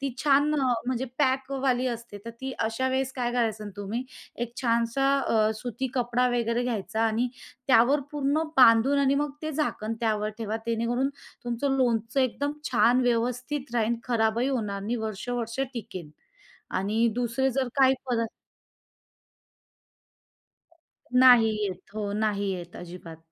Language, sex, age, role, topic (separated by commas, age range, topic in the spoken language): Marathi, female, 30-34, guest, स्वयंपाकघर व्यवस्थित ठेवण्यासाठी तुम्ही काय करता?